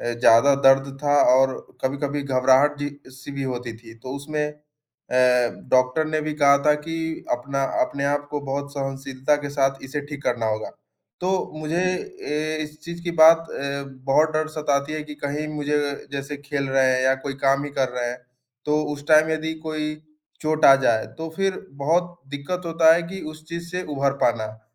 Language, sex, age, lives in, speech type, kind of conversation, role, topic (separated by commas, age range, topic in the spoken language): Hindi, male, 20-24, India, conversation, advice, user, चोट के बाद अपनी गतिविधियों में वापस लौटने से आपको किस बात का डर लग रहा है?